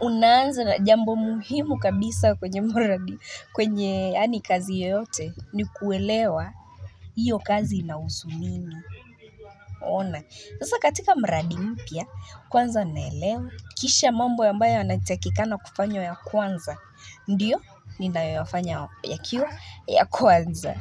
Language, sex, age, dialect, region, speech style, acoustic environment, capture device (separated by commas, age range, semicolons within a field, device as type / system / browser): Swahili, female, 25-34, Kenya - Nairobi Sheng-influenced, Kenya, relaxed, music, mobile / Linux / Chrome